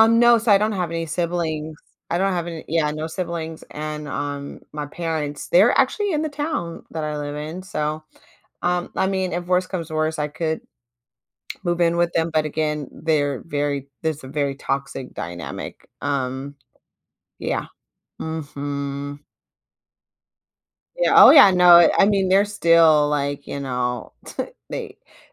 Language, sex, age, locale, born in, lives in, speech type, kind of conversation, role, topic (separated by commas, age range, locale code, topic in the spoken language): English, female, 35-39, en-US, United States, United States, conversation, advice, user, How can I set boundaries with my partner?